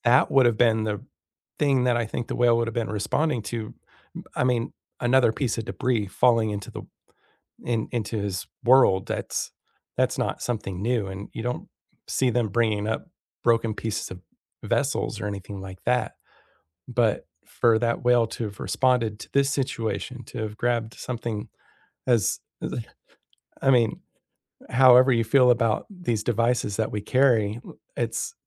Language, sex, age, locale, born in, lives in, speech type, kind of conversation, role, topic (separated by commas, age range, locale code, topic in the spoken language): English, male, 40-44, en-US, United States, United States, conversation, unstructured, none, Have you ever been surprised by how animals help each other?